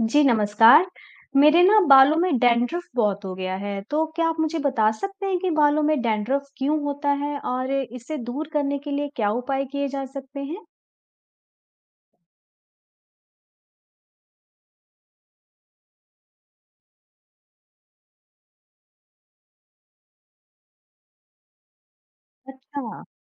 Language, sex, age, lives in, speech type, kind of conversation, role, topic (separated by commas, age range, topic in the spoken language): Hindi, female, 35-39, India, read, expert-assistant, asker, बालों में डैंड्रफ से छुटकारा पाने के उपाय क्या हैं?